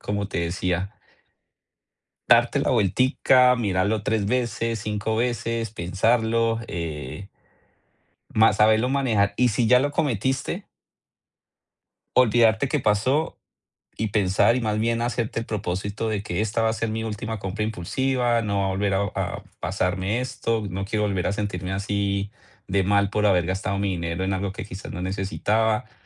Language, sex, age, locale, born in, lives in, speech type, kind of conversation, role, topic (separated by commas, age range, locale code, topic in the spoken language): Spanish, male, 40-44, es-CO, Colombia, United States, conversation, advice, advisor, ¿Cómo has gastado más de lo planeado con tarjetas y cómo manejas la culpa que eso te genera?